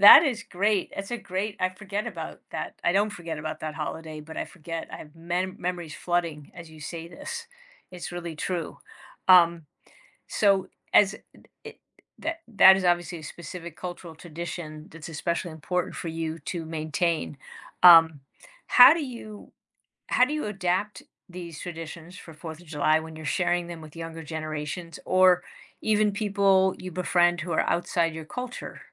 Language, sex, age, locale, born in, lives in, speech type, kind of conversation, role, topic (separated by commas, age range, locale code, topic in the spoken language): English, female, 55-59, en-US, United States, United States, conversation, podcast, host, Why are cultural traditions important to your identity and daily life?